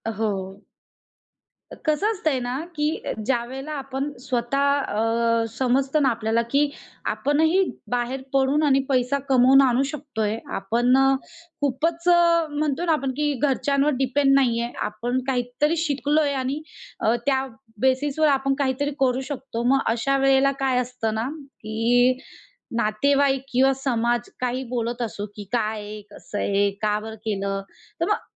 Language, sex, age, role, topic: Marathi, female, 30-34, guest, पहिल्या नोकरीचा तुमच्यावर काय परिणाम झाला?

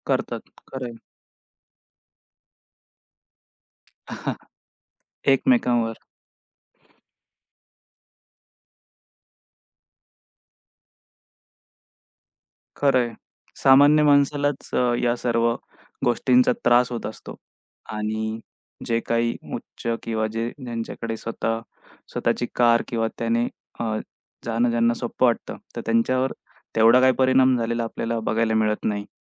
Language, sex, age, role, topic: Marathi, male, 25-29, host, कधी एखाद्या लोकल ट्रेनमध्ये झालेली गडबड तुम्हाला आठवते का?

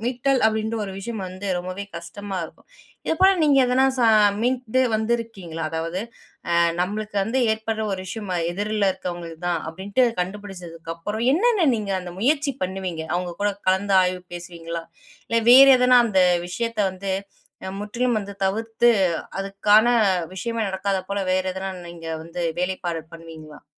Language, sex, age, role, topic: Tamil, female, 20-24, host, அழுத்தம் அல்லது சோர்வு வந்தாலும் உங்கள் கவனத்தை மீண்டும் ஒருமுகப்படுத்த நீங்கள் என்ன செய்கிறீர்கள்?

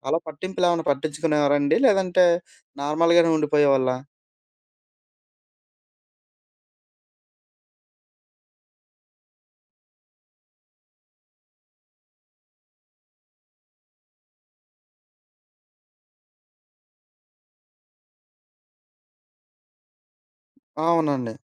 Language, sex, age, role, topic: Telugu, male, 25-29, host, రెండు సంస్కృతుల మధ్య పెరిగినప్పుడు అనుభవం ఎలా ఉంటుంది?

